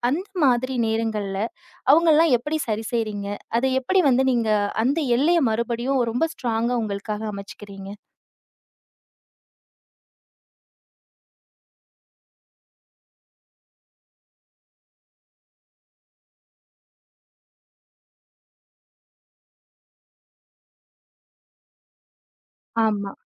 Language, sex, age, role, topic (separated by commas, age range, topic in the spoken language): Tamil, female, 30-34, host, அவர்கள் உங்கள் எல்லைகளை மதிக்காமல் நடந்து கொண்டால், நீங்கள் என்ன செய்வீர்கள்?